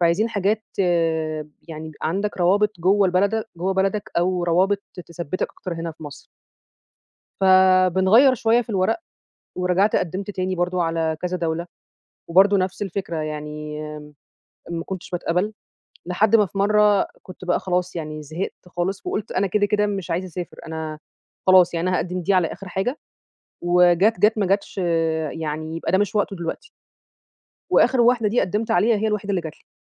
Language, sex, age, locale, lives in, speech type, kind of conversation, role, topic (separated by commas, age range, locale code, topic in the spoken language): Arabic, female, 30-34, ar-EG, Egypt, conversation, podcast, guest, إزاي بتتعامل مع الفشل لما يحصل معاك؟